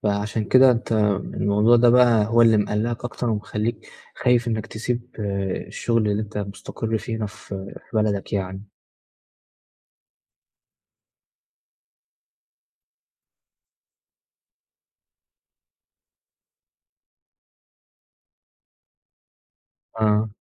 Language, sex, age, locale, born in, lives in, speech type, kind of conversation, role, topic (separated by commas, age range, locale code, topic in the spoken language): Arabic, male, 20-24, ar-EG, Egypt, Egypt, conversation, advice, advisor, إزاي بتوصف خوفك من إنك تاخد قرار مهني كبير ممكن يغيّر حياتك؟